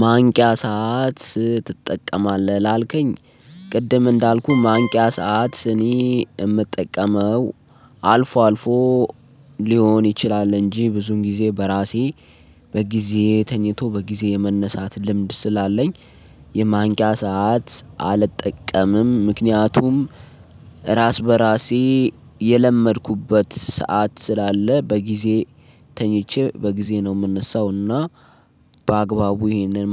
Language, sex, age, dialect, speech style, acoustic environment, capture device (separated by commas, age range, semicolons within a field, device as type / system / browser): Amharic, male, 25-34, Ethiopia - Addis Ababa, focused; excited, silence, mobile / Linux / Chrome